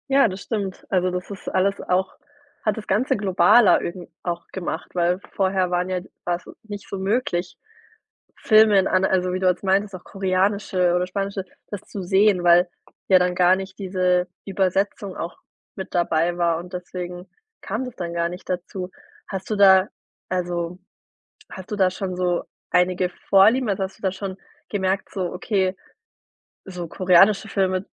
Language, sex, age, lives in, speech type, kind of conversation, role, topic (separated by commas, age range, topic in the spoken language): German, female, 30-34, Germany, conversation, podcast, host, Wie hat Streaming dein Filmverhalten ganz ehrlich verändert?